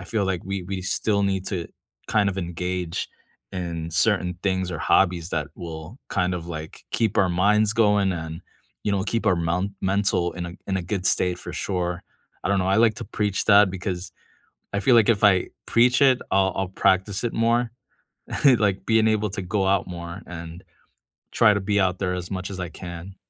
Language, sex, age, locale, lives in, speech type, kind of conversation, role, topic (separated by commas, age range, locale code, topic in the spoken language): English, male, 35-39, en-US, United States, conversation, unstructured, none, Which hobbies have you tried after discovering them on YouTube, TikTok, or podcasts, and what kept you going?